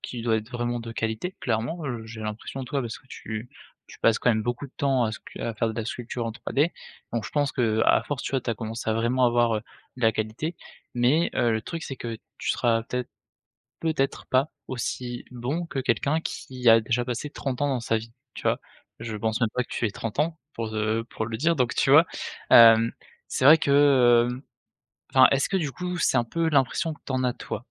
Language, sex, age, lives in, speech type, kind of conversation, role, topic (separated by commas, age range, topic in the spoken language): French, male, 20-24, France, conversation, advice, advisor, Comparer ses créations à celles des autres et perdre confiance